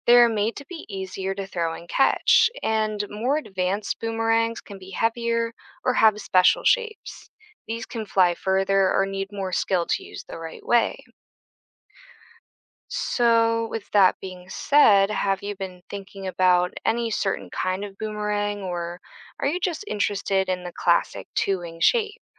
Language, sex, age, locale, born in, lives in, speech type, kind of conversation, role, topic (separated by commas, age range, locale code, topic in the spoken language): English, female, 25-29, en-US, United States, United States, read, expert-assistant, expert, How does a boomerang return to the thrower?